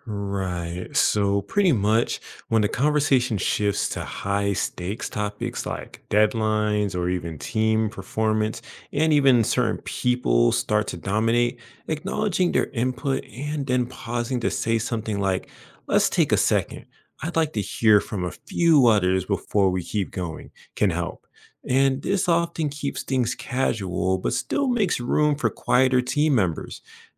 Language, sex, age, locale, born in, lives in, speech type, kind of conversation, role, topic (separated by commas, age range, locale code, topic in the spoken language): English, male, 25-29, en-US, United States, United States, read, expert-assistant, expert, What daily habits can improve leadership skills?